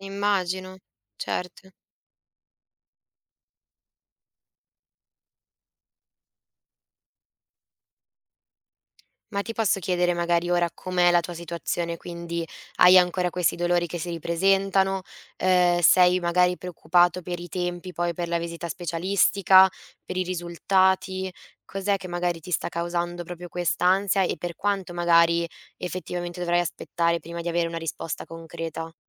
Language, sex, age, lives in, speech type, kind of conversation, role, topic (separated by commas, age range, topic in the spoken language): Italian, female, 20-24, Italy, conversation, advice, advisor, Come posso gestire l'ansia mentre aspetto i risultati degli esami o una diagnosi incerta?